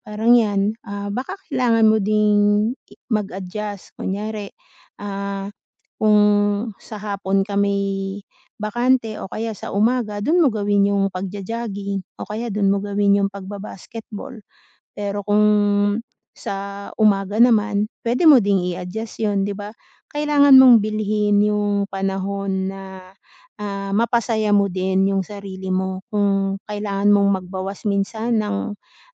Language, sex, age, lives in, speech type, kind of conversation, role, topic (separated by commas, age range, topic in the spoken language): Filipino, female, 40-44, Philippines, conversation, advice, advisor, Paano ko maibabalik ang motibasyon kapag nawawalan ako ng sigla?